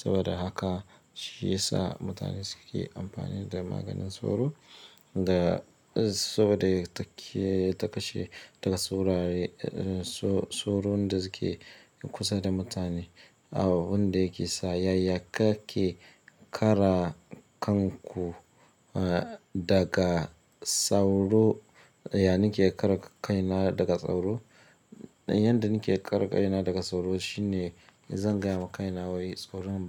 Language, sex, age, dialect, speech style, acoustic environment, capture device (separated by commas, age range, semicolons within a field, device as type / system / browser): Hausa, male, 25-34, Nigeria - Ibadan, happy; focused, people talking; silence; nature; appliances, mobile / Linux / Chrome